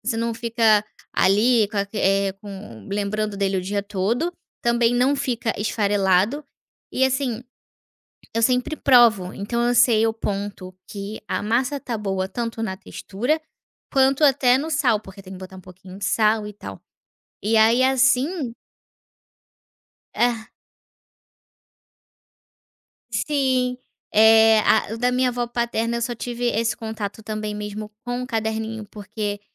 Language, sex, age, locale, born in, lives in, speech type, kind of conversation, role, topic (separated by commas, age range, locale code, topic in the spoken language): Portuguese, female, 25-29, pt-BR, Brazil, Portugal, conversation, podcast, guest, Qual prato faz você lembrar imediatamente da sua avó?